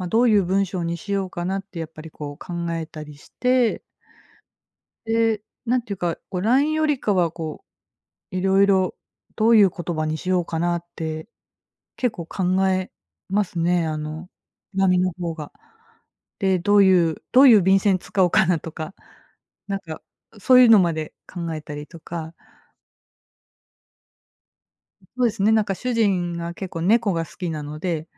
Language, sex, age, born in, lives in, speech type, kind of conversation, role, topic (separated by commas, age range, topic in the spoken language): Japanese, female, 40-44, Japan, Japan, conversation, podcast, guest, 家族への感謝と不満を、角を立てずにどう伝えたらいいですか？